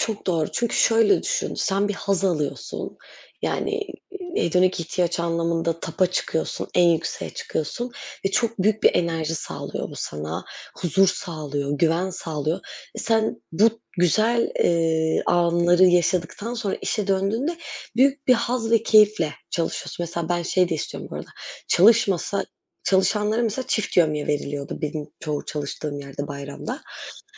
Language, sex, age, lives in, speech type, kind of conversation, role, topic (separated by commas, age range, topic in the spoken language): Turkish, female, 25-29, Netherlands, conversation, unstructured, none, Bayramlar senin için ne ifade ediyor?